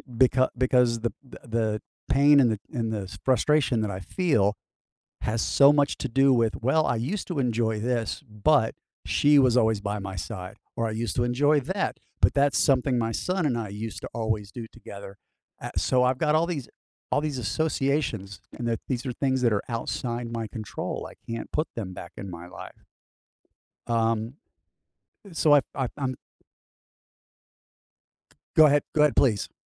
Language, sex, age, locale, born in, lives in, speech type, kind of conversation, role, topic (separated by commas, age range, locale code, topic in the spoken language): English, male, 55-59, en-US, United States, United States, conversation, advice, user, How can I move forward after a recent loss?